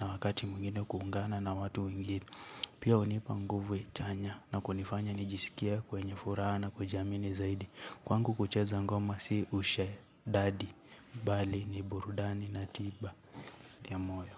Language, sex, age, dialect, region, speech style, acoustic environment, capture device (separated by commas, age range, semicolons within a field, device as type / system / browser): Swahili, male, 18-24, Kenya - Nairobi Sheng-influenced, Kenya, relaxed, nature; appliances, mobile / Linux / Chrome